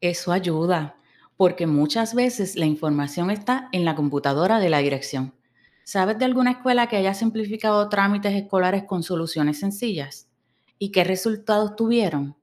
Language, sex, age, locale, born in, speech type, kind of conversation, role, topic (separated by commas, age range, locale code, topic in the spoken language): Spanish, female, 45-49, es-US, Puerto Rico, read, expert-assistant, asker, ¿Cómo podríamos rediseñar los trámites gubernamentales para que sean más rápidos y menos complicados para la gente común?